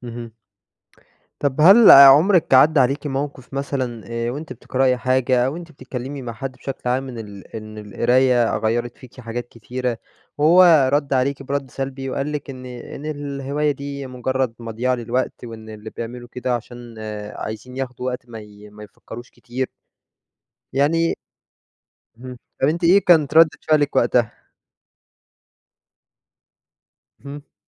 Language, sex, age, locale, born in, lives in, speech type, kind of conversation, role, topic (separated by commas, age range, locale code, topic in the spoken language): Arabic, male, 20-24, ar-EG, Egypt, Egypt, conversation, podcast, host, الهواية بتأثر على حياتك إزاي؟